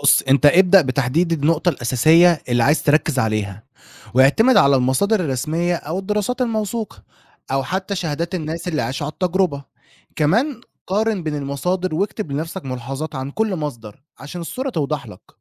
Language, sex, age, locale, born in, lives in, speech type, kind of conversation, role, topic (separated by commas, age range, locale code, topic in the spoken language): Arabic, male, 20-24, ar-EG, Egypt, Egypt, read, expert-assistant, expert, لو عندي فكرة لملف صحفي كبير، إيه الخطوات اللي أعملها عشان أختار المصادر وأجمع معلومات بشكل منظم؟